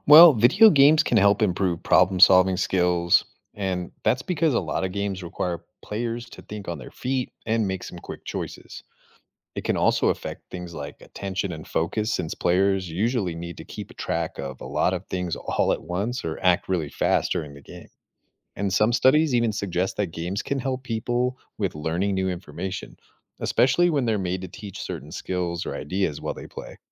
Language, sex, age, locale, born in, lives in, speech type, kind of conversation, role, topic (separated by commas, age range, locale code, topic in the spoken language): English, male, 35-39, en-US, United States, United States, read, expert-assistant, expert, How do video games influence the way we think?